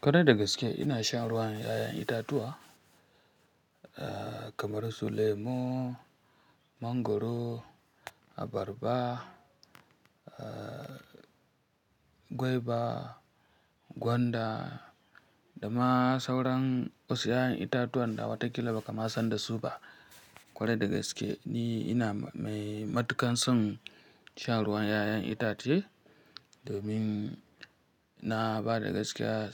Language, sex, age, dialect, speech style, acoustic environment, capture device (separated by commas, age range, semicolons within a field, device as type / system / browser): Hausa, female, 25-34, Nigeria - Kano, happy; relaxed; focused, nature; silence, mobile / Linux / Chrome